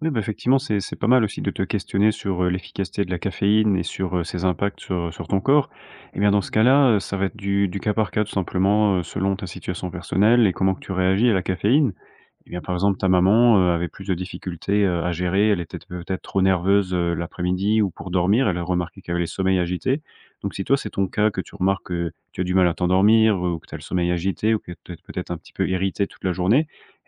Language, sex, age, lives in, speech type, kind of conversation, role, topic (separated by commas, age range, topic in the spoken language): French, male, 25-29, France, conversation, advice, advisor, Pourquoi ai-je du mal à boire suffisamment d’eau dans la journée ?